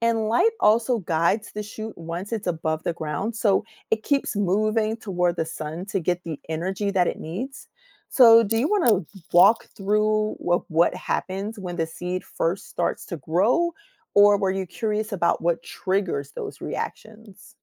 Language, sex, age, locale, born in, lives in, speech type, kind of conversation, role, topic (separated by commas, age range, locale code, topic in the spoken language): English, female, 45-49, en-US, United States, United States, read, expert-assistant, expert, How do seeds grow into plants?